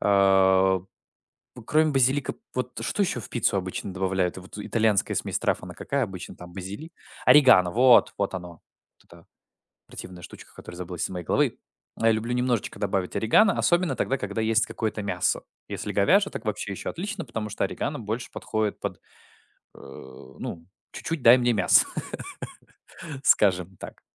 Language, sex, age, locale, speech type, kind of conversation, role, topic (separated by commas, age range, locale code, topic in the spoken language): Russian, male, 20-24, ru-RU, conversation, podcast, guest, Какой запах еды мгновенно вызывает у вас воспоминания?